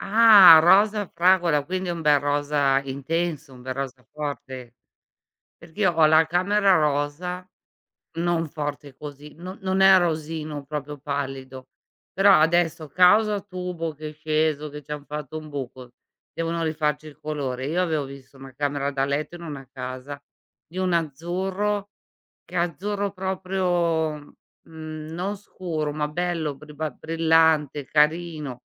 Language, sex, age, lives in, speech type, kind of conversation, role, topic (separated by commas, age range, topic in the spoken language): Italian, female, 55-59, Italy, conversation, unstructured, none, Come scegliere i colori giusti per rendere la tua casa più accogliente?